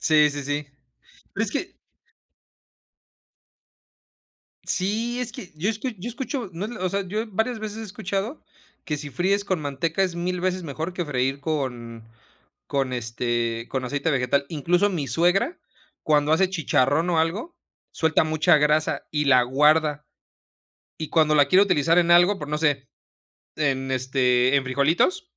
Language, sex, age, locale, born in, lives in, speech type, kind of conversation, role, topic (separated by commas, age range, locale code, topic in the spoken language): Spanish, male, 30-34, es-MX, Mexico, Mexico, conversation, unstructured, none, ¿Cuál es tu comida favorita para un día cualquiera?